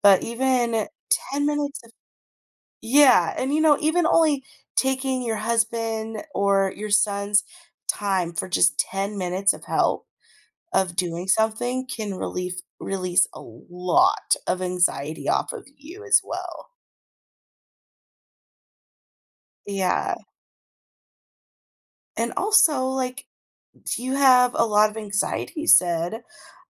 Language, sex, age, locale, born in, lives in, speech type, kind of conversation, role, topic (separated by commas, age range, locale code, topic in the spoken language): English, female, 30-34, en-US, United States, United States, conversation, advice, advisor, How can I better balance my work and family without feeling constantly overwhelmed?